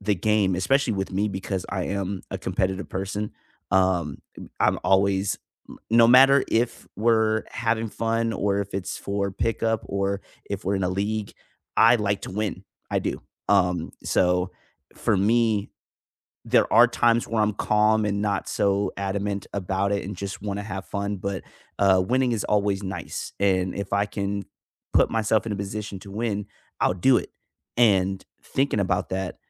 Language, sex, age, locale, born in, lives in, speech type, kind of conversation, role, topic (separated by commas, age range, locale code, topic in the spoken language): English, male, 25-29, en-US, United States, United States, conversation, unstructured, none, How does physical activity help you cope with stress?